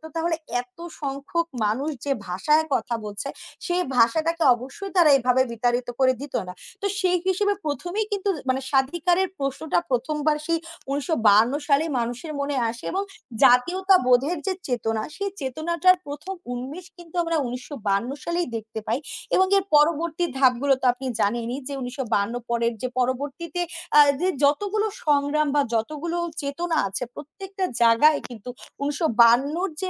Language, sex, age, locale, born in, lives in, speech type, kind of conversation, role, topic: Bengali, female, 20-24, bn-BD, Bangladesh, Bangladesh, conversation, unstructured, none, ইতিহাসের কোন ঘটনা শুনলে আপনার মন ভালো হয়ে যায়?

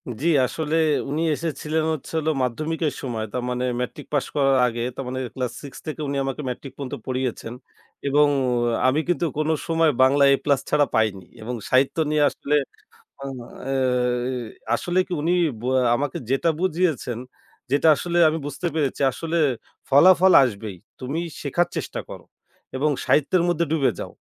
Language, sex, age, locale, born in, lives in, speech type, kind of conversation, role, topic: Bengali, male, 25-29, bn-BD, Bangladesh, Bangladesh, conversation, podcast, guest, কোন শিক্ষক আপনাকে সবচেয়ে বেশি বদলে দিয়েছিলেন?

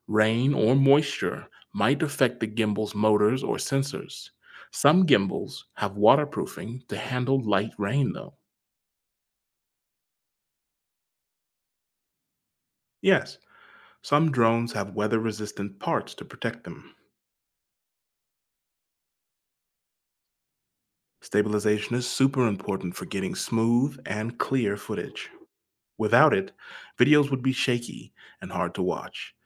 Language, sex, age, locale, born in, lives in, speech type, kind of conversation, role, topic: English, male, 30-34, en-US, United States, United States, read, expert-assistant, expert, How does a drone’s camera stabilize footage?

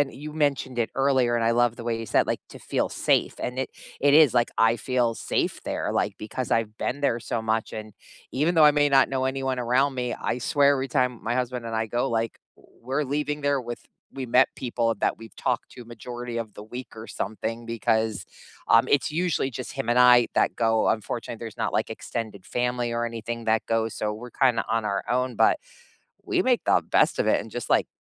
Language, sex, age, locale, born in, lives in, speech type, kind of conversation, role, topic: English, female, 50-54, en-US, United States, United States, conversation, unstructured, none, Where do you feel most at home, and why?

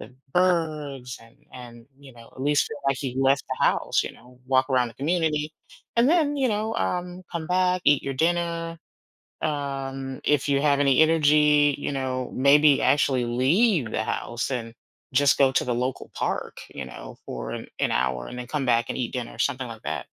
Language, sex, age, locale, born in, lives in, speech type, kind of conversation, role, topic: English, female, 50-54, en-US, United States, United States, conversation, advice, advisor, How can I make my daily routine more meaningful?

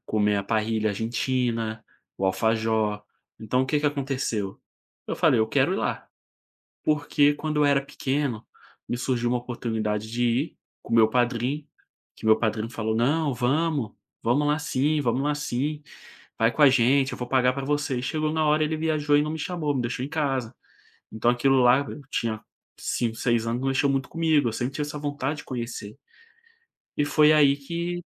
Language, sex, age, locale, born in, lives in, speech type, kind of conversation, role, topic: Portuguese, male, 25-29, pt-BR, Brazil, Spain, conversation, podcast, guest, Você pode contar sobre uma viagem inesquecível que fez?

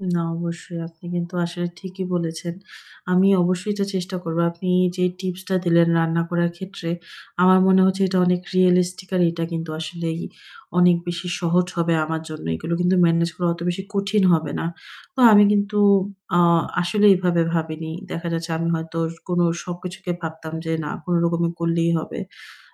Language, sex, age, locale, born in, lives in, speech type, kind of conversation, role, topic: Bengali, female, 25-29, bn-BD, Bangladesh, Finland, conversation, advice, user, সময়কে ভালোভাবে কাজে লাগিয়ে কীভাবে অগ্রাধিকার নির্ধারণ করতে পারি?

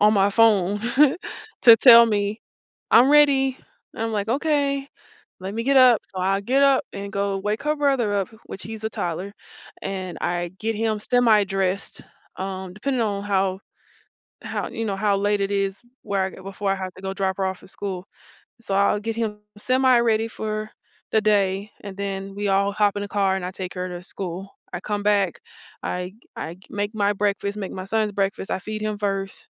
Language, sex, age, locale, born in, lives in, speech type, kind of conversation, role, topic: English, female, 35-39, en-US, United States, United States, conversation, podcast, guest, How has your daily work routine evolved over time and what makes it meaningful to you?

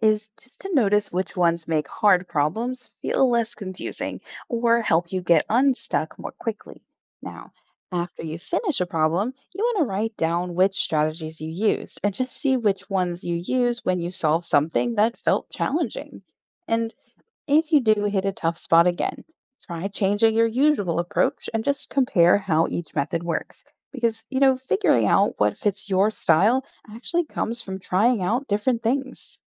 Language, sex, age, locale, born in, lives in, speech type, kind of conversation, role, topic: English, female, 35-39, en-US, Germany, United States, read, expert-assistant, expert, How do math competitions improve problem-solving skills?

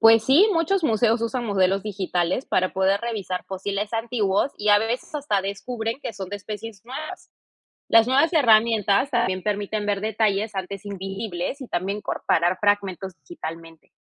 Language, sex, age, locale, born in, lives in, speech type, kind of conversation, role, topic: Spanish, female, 30-34, es-PE, Peru, Italy, read, expert-assistant, expert, ¿Qué posibilidades existen para descubrir nuevas especies de dinosaurios en el futuro?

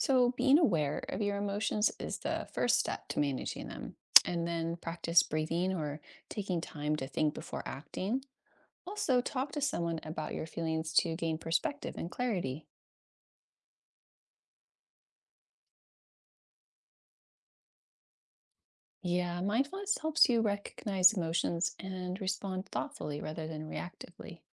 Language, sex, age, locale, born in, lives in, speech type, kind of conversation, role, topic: English, female, 40-44, en-US, United States, United States, read, expert-assistant, expert, How do emotions influence daily decision-making?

